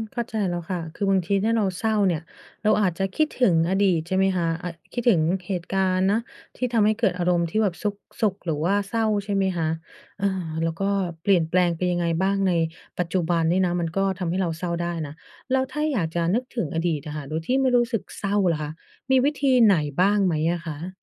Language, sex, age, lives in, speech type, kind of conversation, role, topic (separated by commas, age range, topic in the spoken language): Thai, female, 30-34, United States, read, expert-assistant, asker, ทำไมเวลาคิดถึงอดีตถึงรู้สึกเศร้า